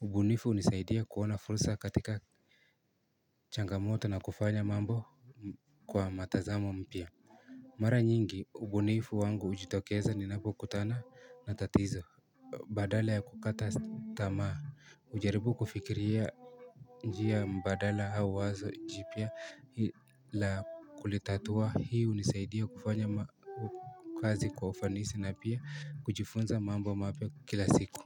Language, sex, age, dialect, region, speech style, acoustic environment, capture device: Swahili, male, 18-24, Kenya - Nairobi Sheng-influenced, Kenya, anxious, silence, mobile / Linux / Chrome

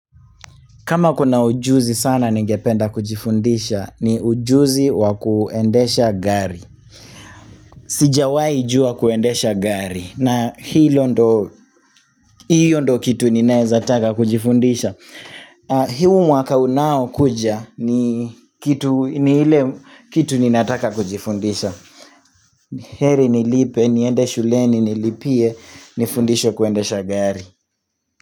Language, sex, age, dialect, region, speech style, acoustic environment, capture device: Swahili, male, 25-34, Kenya - Nairobi Sheng-influenced, Kenya, relaxed, people talking; silence, mobile / Linux / Chrome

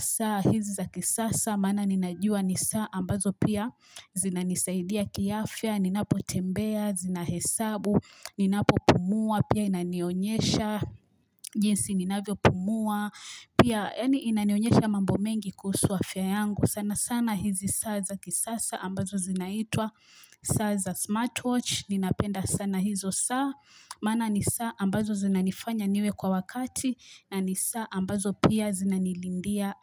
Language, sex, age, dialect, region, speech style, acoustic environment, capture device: Swahili, female, 25-34, Kenya - Mombasa, Kenya, happy, silence, mobile / Linux / Chrome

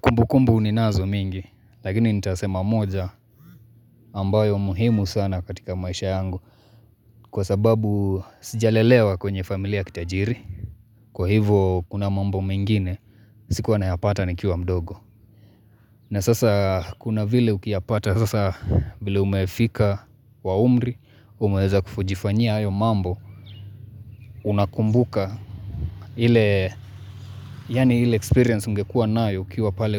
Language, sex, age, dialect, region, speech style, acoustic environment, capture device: Swahili, male, 25-34, Kenya - Mombasa, Kenya, happy; focused; relaxed, nature, mobile / Linux / Chrome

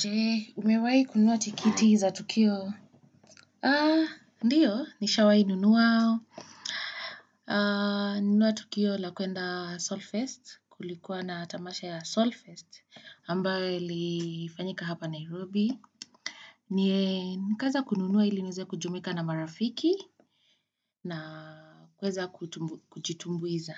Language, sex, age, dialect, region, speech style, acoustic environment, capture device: Swahili, female, 25-34, Kenya - Nairobi Sheng-influenced, Kenya, anxious, appliances, desktop / Windows / Chrome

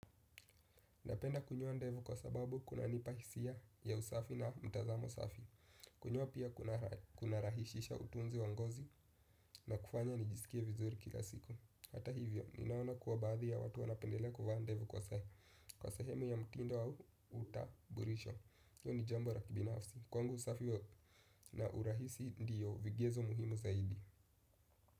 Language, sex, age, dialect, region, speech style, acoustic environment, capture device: Swahili, male, 18-24, Kenya - Nairobi Sheng-influenced, Kenya, relaxed, silence, desktop / Windows / Chrome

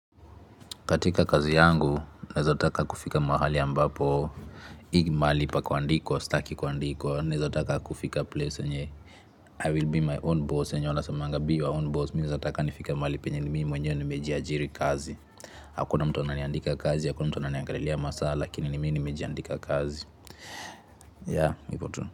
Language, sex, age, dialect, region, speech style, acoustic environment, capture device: Swahili, male, 25-34, Kenya - Nairobi Sheng-influenced, Kenya, nervous; relaxed; tired, appliances, mobile / Linux / Chrome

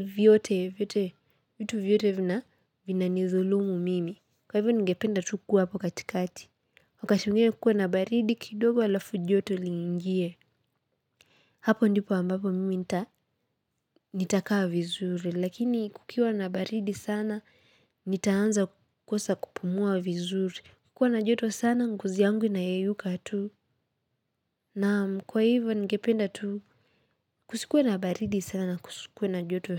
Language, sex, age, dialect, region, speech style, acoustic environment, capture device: Swahili, female, 18-24, Kenya - Nairobi Sheng-influenced, Kenya, happy; excited; focused; relaxed, nature, mobile / Linux / Chrome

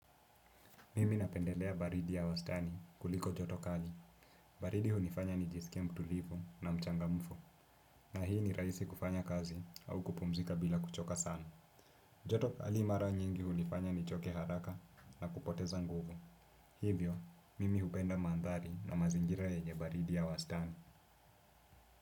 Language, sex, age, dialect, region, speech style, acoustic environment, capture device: Swahili, male, 18-24, Kenya - Nairobi Sheng-influenced, Kenya, relaxed, silence, desktop / Windows / Chrome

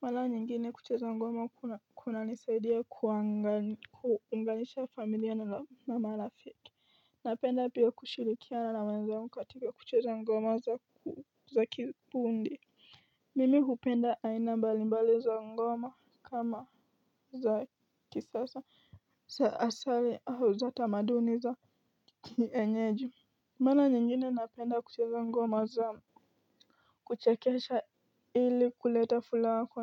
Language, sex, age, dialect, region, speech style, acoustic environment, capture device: Swahili, female, 18-24, Kenya - Nairobi Sheng-influenced, Kenya, stressed; focused; excited; anxious, nature; appliances; construction, mobile / Linux / Chrome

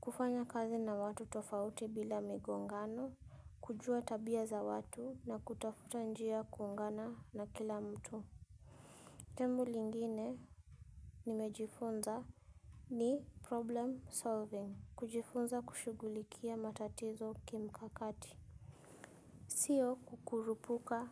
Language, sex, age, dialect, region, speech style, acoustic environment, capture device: Swahili, female, 25-34, Kenya - Nairobi Sheng-influenced, Kenya, happy, silence, mobile / Linux / Chrome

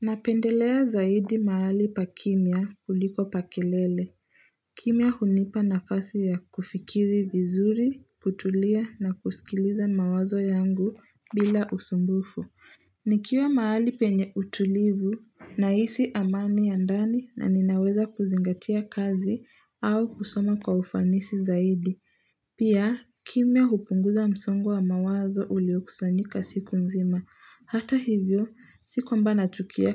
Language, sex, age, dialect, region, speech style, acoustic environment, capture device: Swahili, female, 18-24, Kenya - Nairobi Sheng-influenced, Kenya, relaxed, silence, mobile / Linux / Chrome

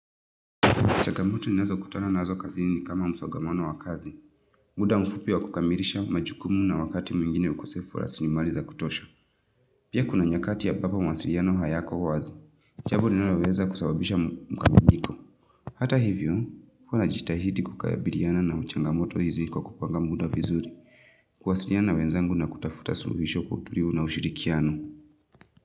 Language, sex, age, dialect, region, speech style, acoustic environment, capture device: Swahili, female, 25-34, Kenya - Nairobi Sheng-influenced, Kenya, tired, nature, mobile / Linux / Chrome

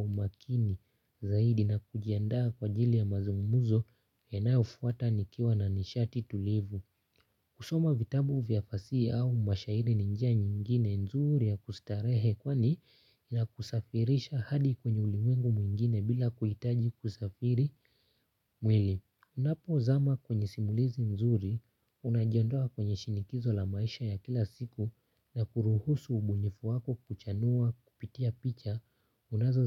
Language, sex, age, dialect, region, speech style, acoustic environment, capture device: Swahili, male, 18-24, Kenya - Nairobi Sheng-influenced, Kenya, happy; focused; relaxed, nature; silence, mobile / Linux / Chrome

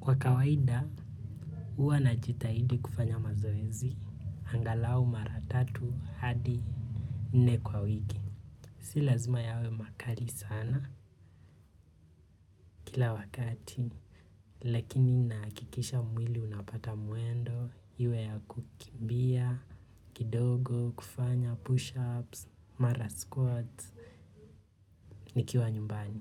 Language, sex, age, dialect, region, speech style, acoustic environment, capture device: Swahili, male, 25-34, Kenya - Nairobi Sheng-influenced, Kenya, relaxed; focused, nature; people talking, mobile / Linux / Chrome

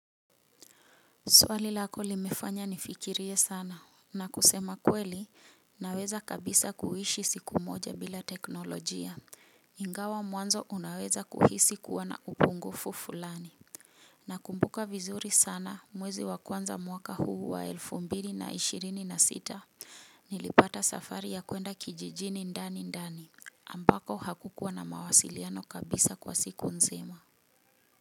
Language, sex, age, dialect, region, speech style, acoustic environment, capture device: Swahili, female, 35-44, Kenya - Nairobi Sheng-influenced, Kenya, happy; relaxed, nature, mobile / Linux / Chrome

